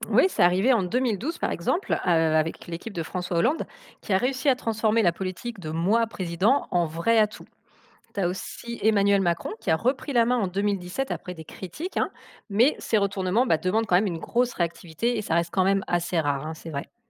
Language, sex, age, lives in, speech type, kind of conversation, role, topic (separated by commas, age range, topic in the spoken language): French, female, 35-39, France, read, expert-assistant, expert, Y a-t-il des émissions, des podcasts ou des personnalités à suivre pour mieux comprendre comment les Français décident pour qui voter ?